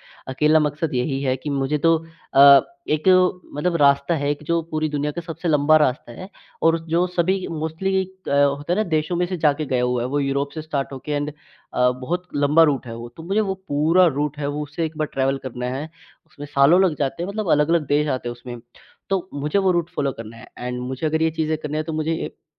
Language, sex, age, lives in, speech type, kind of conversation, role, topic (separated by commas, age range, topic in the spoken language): Hindi, male, 18-19, India, conversation, podcast, guest, प्यार और शादी के बारे में पीढ़ियों की धारणाएँ कैसे बदल रही हैं?